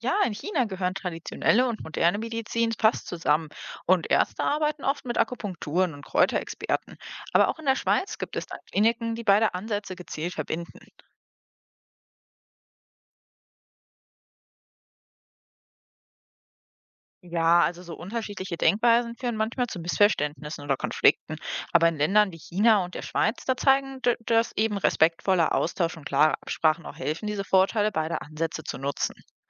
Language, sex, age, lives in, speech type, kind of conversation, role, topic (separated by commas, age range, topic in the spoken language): German, female, 25-29, Germany, read, expert-assistant, expert, Wie würde unser Umgang mit Krankheit aussehen, wenn es keine Krankenhäuser gäbe?